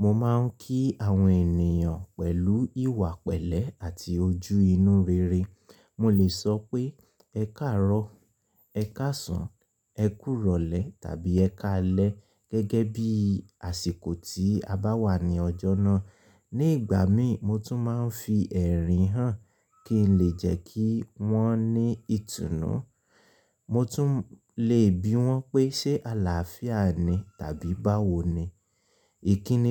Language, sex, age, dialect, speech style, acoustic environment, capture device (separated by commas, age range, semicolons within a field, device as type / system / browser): Yoruba, male, 25-34, Nigeria - Ibadan, focused, silence, mobile / Linux / Chrome